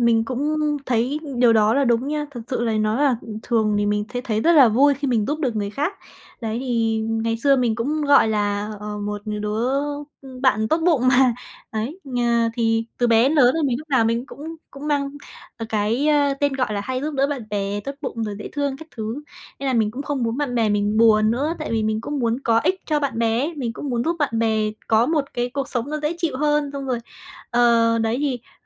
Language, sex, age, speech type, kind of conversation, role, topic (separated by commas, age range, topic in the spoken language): Vietnamese, female, 20-24, conversation, advice, user, Vì sao bạn luôn đặt người khác lên trước và hy sinh nhu cầu của bản thân?